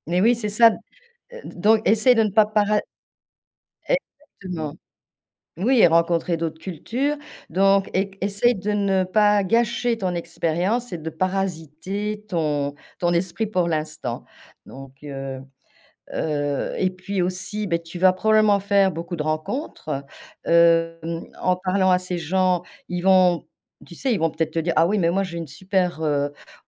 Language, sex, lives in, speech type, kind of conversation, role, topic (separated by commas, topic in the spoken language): French, female, France, conversation, advice, advisor, Envisagez-vous de déménager pour une opportunité professionnelle, et dans quelles conditions ?